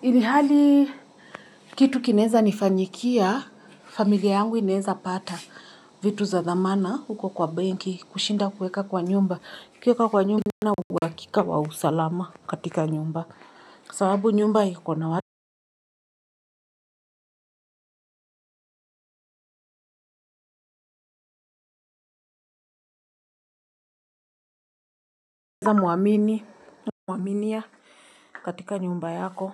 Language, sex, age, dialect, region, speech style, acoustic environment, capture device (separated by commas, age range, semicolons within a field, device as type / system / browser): Swahili, female, 25-34, Kenya - Nairobi Sheng-influenced, Kenya, happy, silence, mobile / Linux / Chrome